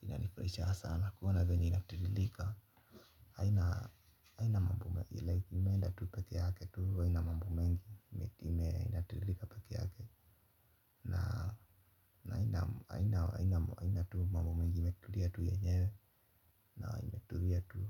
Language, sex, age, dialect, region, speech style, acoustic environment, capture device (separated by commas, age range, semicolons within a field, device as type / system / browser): Swahili, male, 25-34, Kenya - Nairobi Sheng-influenced, Kenya, relaxed, silence, mobile / Linux / Chrome